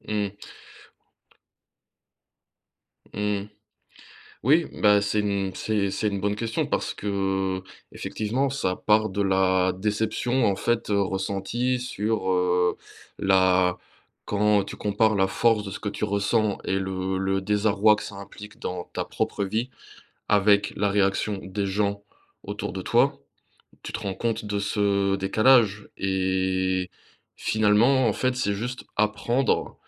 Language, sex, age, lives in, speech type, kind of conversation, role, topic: French, male, 25-29, France, conversation, unstructured, none, Que fais-tu quand quelqu’un minimise ta douleur après une perte ?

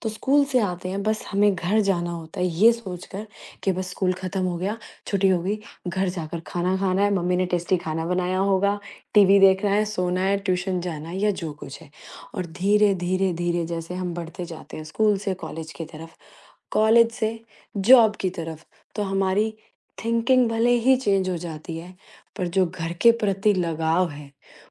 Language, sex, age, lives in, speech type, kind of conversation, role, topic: Hindi, female, 35-39, India, conversation, podcast, host, आपके लिए घर का मतलब क्या होता है?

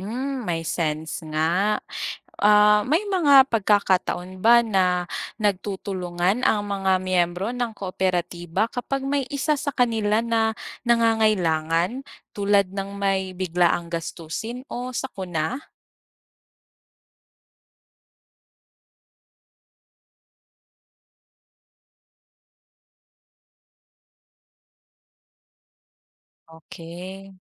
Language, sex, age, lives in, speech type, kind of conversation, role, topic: Filipino, female, 25-29, Philippines, read, expert-assistant, asker, Paano napapabuti ng mga kooperatiba ang kabuhayan ng mga miyembro nito?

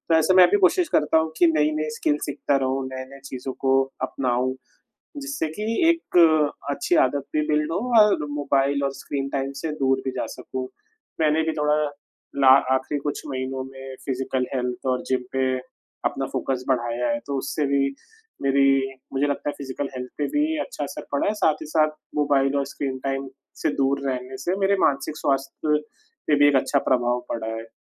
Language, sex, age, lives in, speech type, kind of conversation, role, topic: Hindi, male, 25-29, India, conversation, unstructured, none, आप अपने जीवन में स्क्रीन समय को कैसे संतुलित करते हैं?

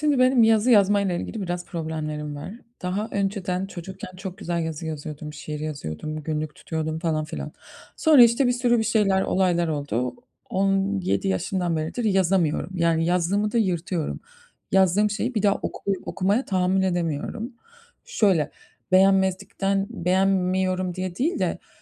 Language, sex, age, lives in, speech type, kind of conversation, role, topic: Turkish, female, 35-39, United States, conversation, advice, user, Her gün yazı yazma ya da günlük tutma alışkanlığını neden bir türlü başlatamıyorum?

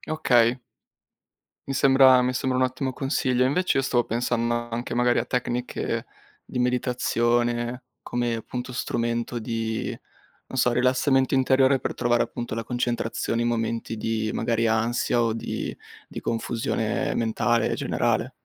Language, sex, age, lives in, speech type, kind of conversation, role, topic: Italian, male, 20-24, Italy, conversation, advice, user, Come posso chiarire il mio percorso di carriera e prendere decisioni professionali più consapevoli?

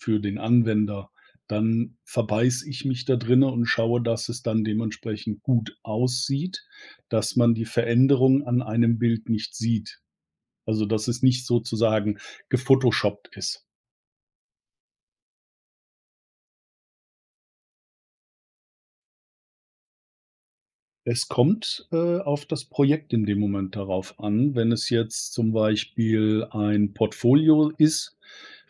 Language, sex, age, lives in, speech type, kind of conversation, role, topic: German, male, 50-54, Germany, conversation, advice, user, Wie verzögert Perfektionismus den Abschluss wichtiger Projekte?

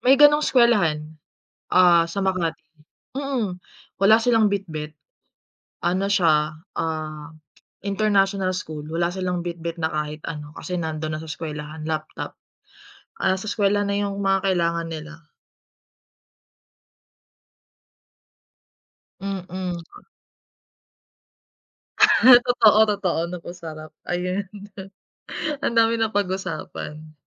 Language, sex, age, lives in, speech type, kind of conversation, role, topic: Filipino, female, 30-34, Philippines, conversation, unstructured, none, Paano mo ginagamit ang teknolohiya sa araw-araw mong pag-aaral, at ano ang palagay mo sa paggamit ng internet at midyang panlipunan para sa edukasyon?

